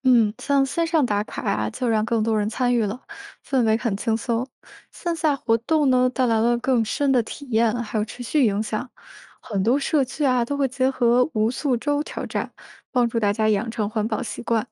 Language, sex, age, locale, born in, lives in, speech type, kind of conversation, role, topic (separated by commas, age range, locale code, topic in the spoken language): Chinese, female, 30-34, zh-CN, China, United States, read, expert-assistant, expert, 面对气候变化，我们日常生活中该先改变哪些习惯才最有效？